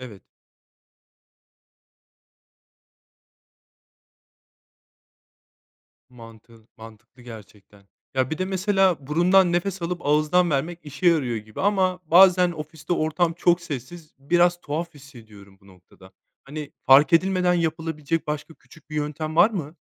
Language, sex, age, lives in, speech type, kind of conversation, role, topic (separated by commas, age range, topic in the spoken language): Turkish, male, 30-34, Spain, read, expert-assistant, asker, Gündüzleri neden sürekli uykulu hissediyorum?